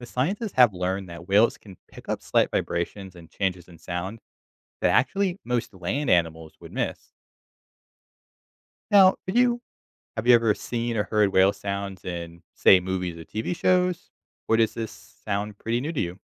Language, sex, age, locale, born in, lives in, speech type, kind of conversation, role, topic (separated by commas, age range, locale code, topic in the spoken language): English, male, 25-29, en-US, United States, United States, read, expert-assistant, expert, How do whales communicate underwater?